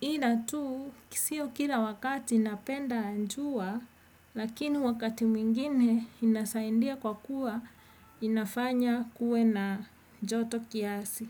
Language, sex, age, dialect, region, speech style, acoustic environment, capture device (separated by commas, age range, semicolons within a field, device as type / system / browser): Swahili, female, 35-44, Kenya - Nairobi Sheng-influenced, Kenya, happy, people talking; music, desktop / Windows / Edge